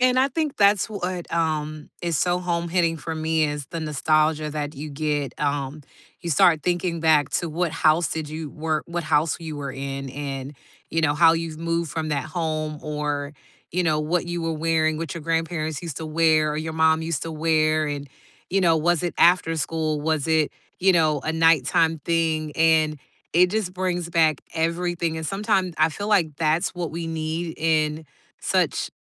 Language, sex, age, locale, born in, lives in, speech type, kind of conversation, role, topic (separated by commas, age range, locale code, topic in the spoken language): English, female, 40-44, en-US, United States, United States, conversation, unstructured, none, What food do you associate with happy memories?